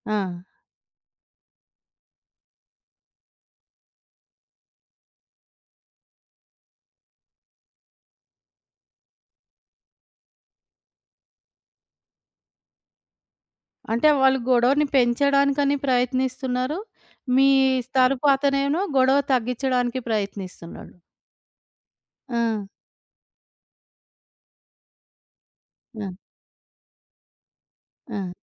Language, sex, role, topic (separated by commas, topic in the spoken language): Telugu, female, host, మీరు ఎవరికైనా క్షమాపణ చెప్పాలనుకుంటే, దాన్ని ఎలా మొదలుపెడతారు?